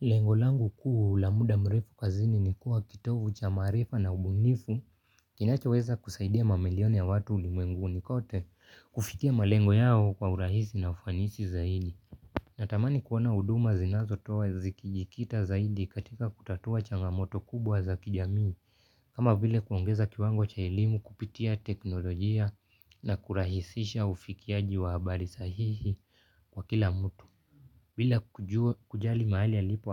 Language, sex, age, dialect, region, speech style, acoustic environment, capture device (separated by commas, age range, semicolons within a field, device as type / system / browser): Swahili, male, 18-24, Kenya - Nairobi Sheng-influenced, Kenya, relaxed; focused; happy, nature; silence, mobile / Linux / Chrome